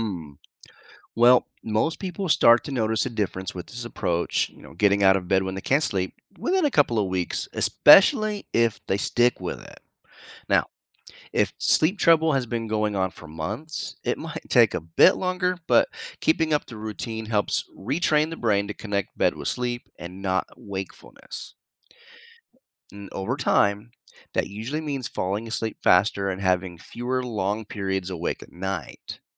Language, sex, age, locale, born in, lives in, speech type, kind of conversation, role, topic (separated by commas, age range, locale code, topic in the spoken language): English, male, 45-49, en-US, United States, United States, read, expert-assistant, expert, How can you tell if sleep issues are from stress or mental health?